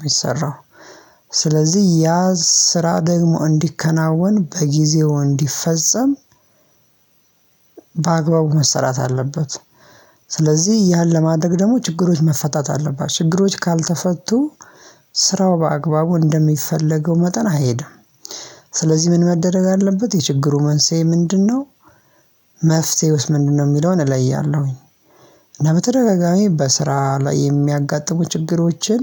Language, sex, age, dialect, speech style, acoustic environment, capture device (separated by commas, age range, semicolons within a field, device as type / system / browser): Amharic, male, 25-34, Ethiopia - Addis Ababa, excited, silence, mobile / Linux / Chrome